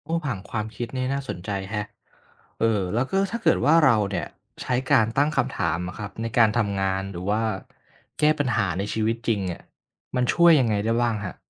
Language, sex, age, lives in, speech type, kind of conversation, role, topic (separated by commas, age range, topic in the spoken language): Thai, male, 25-29, Thailand, read, expert-assistant, asker, ความสำคัญของการตั้งคำถามในการเรียนรู้